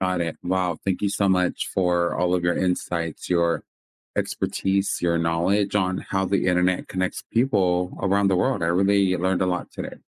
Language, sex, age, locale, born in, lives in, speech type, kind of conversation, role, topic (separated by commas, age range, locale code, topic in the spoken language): English, male, 30-34, en-US, United States, United States, read, expert-assistant, asker, How does the internet connect people worldwide?